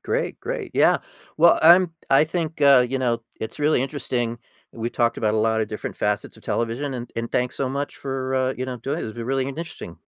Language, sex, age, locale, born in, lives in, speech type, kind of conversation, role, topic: English, male, 55-59, en-US, United States, United States, conversation, podcast, host, Why do some TV shows keep us watching episode after episode?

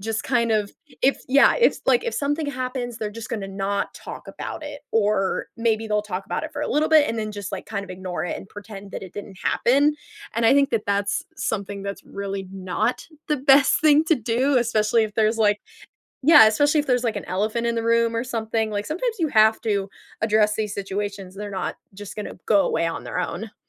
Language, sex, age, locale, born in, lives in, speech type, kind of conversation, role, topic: English, female, 20-24, en-US, United States, United States, conversation, podcast, guest, How can families resolve conflict in healthy ways?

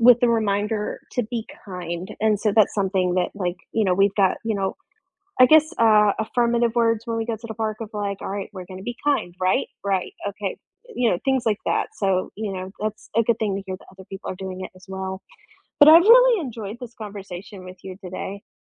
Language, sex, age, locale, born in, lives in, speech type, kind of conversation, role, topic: English, female, 20-24, en-US, United States, United States, conversation, unstructured, none, What small joys brightened your week?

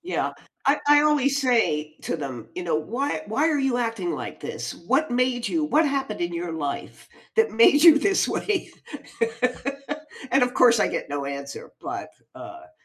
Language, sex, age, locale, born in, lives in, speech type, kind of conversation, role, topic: English, female, 65-69, en-US, United States, United States, conversation, unstructured, none, How do you react when you see someone taking advantage of others?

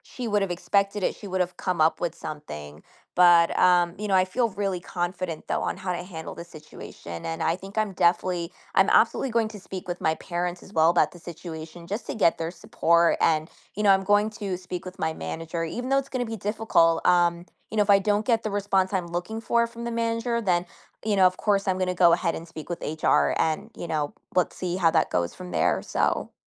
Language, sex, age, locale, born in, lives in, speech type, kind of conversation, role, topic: English, female, 25-29, en-US, United States, United States, conversation, advice, user, How can I stop coworkers from taking credit for my work?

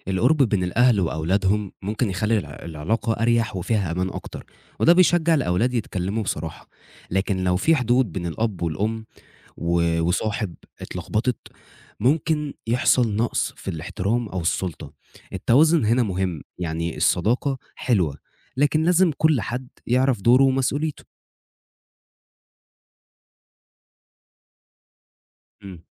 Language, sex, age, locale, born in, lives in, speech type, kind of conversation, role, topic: Arabic, male, 20-24, ar-EG, Egypt, Egypt, read, expert-assistant, expert, إيه مميزات وعيوب إن الأهل يكونوا صحاب لأولادهم بدل ما يكون في مسافة رسمية بينهم؟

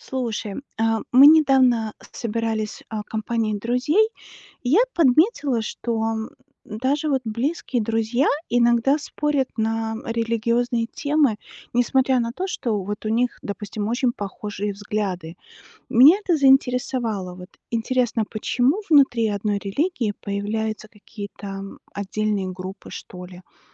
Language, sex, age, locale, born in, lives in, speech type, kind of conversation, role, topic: Russian, female, 40-44, ru-RU, Belarus, United States, read, expert-assistant, asker, Почему иногда идеи одной религии начинают расходиться, и появляются новые направления или общины?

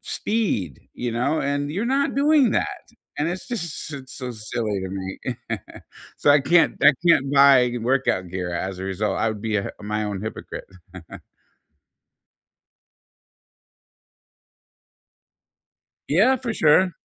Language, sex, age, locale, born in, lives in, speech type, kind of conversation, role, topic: English, male, 55-59, en-US, United States, United States, conversation, unstructured, none, What small or quirky workout rituals make exercise more fun for you?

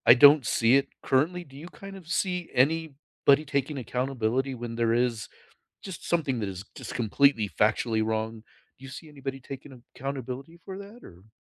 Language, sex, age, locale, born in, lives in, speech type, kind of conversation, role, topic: English, male, 55-59, en-US, United States, United States, conversation, unstructured, none, Should companies be responsible for fake news on their platforms?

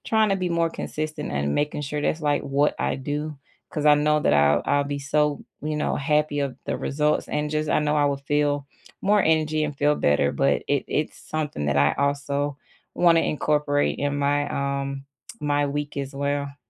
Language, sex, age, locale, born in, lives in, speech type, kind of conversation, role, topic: English, female, 35-39, en-US, United States, United States, conversation, unstructured, none, If you could redesign your week, what would you add or cut to make more time for what matters most?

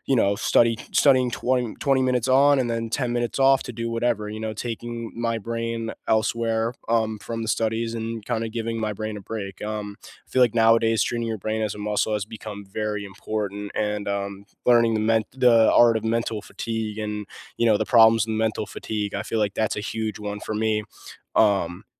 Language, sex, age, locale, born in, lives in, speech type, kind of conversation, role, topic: English, male, 18-19, en-US, United States, United States, conversation, unstructured, none, Which classroom technologies truly helped your learning, which ones distracted you, and how did you cope?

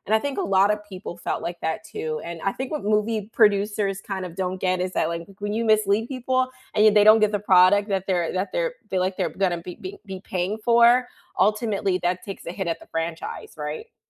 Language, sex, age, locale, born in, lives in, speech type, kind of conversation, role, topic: English, female, 25-29, en-US, United States, United States, conversation, unstructured, none, Which movie, TV, or game trailer misled you—pleasantly or painfully—and how did you feel?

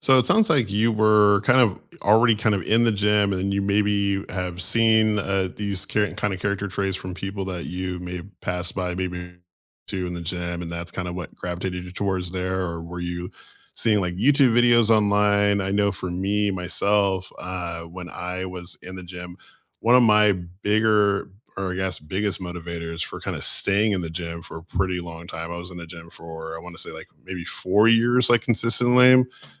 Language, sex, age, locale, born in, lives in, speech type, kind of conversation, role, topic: English, male, 35-39, en-US, United States, United States, conversation, unstructured, none, What morning rituals help you feel grounded and energized, and how did they become part of your daily routine?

